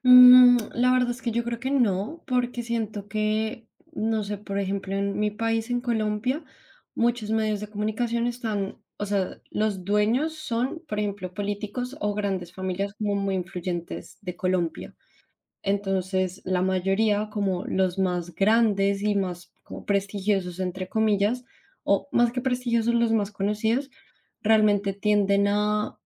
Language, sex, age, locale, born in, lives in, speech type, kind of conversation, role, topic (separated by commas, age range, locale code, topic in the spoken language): Spanish, female, 25-29, es-CO, Colombia, Spain, conversation, unstructured, none, ¿Crees que los medios de comunicación son siempre imparciales?